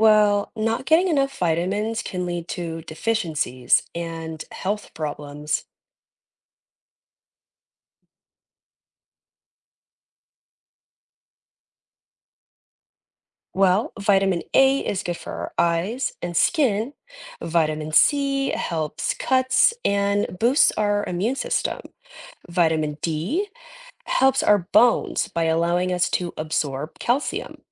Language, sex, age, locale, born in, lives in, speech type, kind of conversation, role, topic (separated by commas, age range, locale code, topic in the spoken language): English, female, 30-34, en-US, United States, United States, read, expert-assistant, expert, Why do we need vitamins?